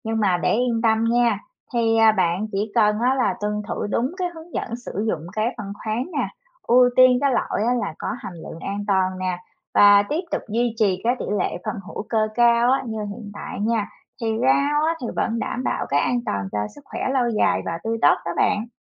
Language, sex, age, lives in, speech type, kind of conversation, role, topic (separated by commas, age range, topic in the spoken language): Vietnamese, female, 30-34, United States, read, expert-assistant, expert, Nên chọn phân hữu cơ hay phân hóa học để trồng rau tại nhà an toàn và hiệu quả?